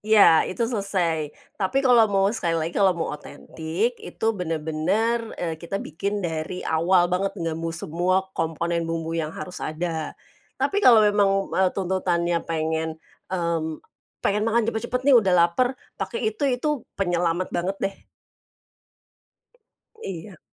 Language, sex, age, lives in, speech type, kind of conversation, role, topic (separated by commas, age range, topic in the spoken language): Indonesian, female, 45-49, Indonesia, conversation, podcast, guest, Pernah mengalami kegagalan saat memasak, dan apa ceritanya?